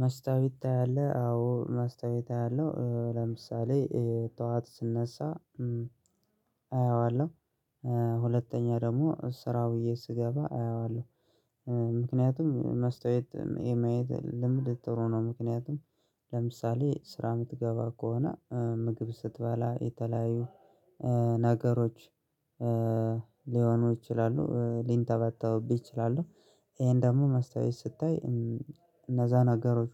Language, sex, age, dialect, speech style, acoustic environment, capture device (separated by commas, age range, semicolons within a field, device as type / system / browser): Amharic, male, 25-34, Ethiopia - Gojjam, excited, silence, mobile / Linux / Chrome